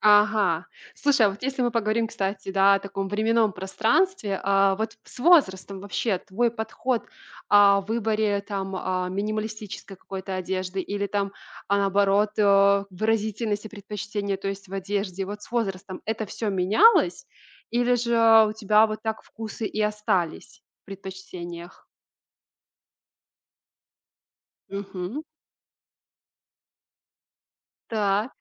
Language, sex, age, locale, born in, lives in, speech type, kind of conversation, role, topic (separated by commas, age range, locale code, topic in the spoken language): Russian, female, 25-29, ru-RU, Belarus, Italy, conversation, podcast, host, Как ты выбираешь между минимализмом и выразительностью в одежде?